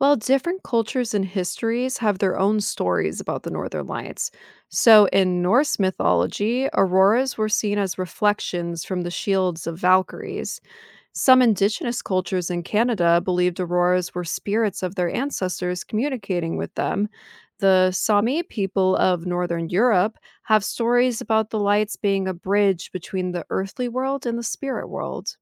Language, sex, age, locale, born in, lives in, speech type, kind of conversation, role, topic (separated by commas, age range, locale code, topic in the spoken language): English, female, 30-34, en-US, United States, United States, read, expert-assistant, expert, What causes the northern lights?